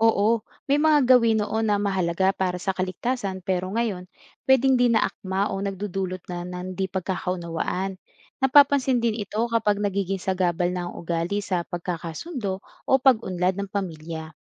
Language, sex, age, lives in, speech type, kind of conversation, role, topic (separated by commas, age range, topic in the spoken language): Filipino, female, 25-29, Philippines, read, expert-assistant, expert, Sa tingin mo, paano kaya nagbabago ang pagkatao ng tao dahil sa ebolusyon? May epekto ba ito sa ugali o paniniwala natin ngayon?